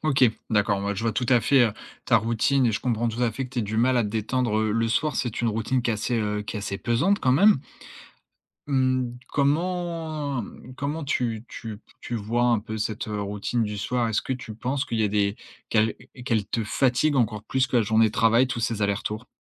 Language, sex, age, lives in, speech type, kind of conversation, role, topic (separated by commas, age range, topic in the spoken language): French, male, 20-24, France, conversation, advice, advisor, Comment puis-je mettre en place une routine apaisante pour mieux me détendre le soir ?